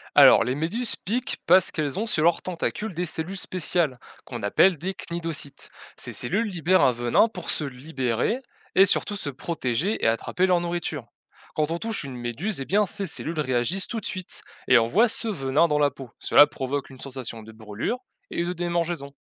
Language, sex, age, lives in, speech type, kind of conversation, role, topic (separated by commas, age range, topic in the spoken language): French, male, 20-24, France, read, expert-assistant, expert, Pourquoi les méduses piquent-elles ?